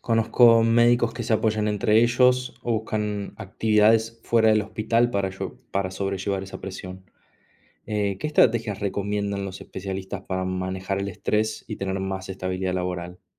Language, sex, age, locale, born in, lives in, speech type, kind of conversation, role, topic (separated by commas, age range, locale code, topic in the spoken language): Spanish, male, 30-34, es-AR, Argentina, Italy, read, expert-assistant, asker, ¿Cómo cambia la vida y el bienestar de los médicos al especializarse?